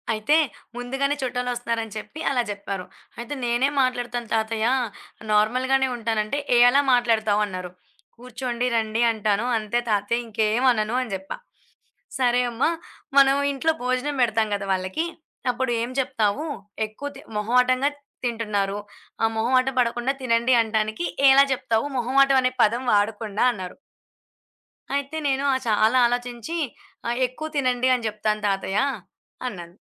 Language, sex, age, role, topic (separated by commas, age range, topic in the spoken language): Telugu, female, 20-24, guest, మీ మాటల తీరుని బట్టి ఇతరులు మిమ్మల్ని తప్పుగా అర్థం చేసుకునే అవకాశముందా?